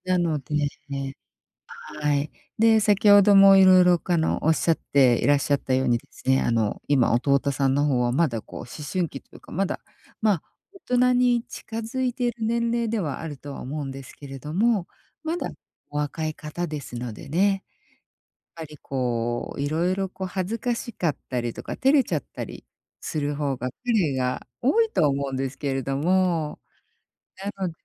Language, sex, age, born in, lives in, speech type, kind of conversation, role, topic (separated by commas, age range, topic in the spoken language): Japanese, female, 50-54, Japan, United States, conversation, advice, advisor, 遠くに住む親族との関係をどうすれば維持できますか？